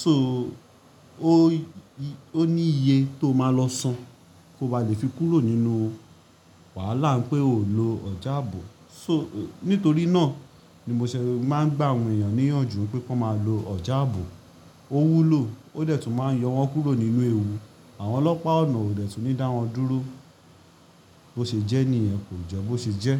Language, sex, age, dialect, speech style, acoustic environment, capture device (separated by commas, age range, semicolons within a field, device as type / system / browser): Yoruba, male, 25-34, Nigeria - Lagos Yoruba, relaxed, appliances, mobile / Linux / Chrome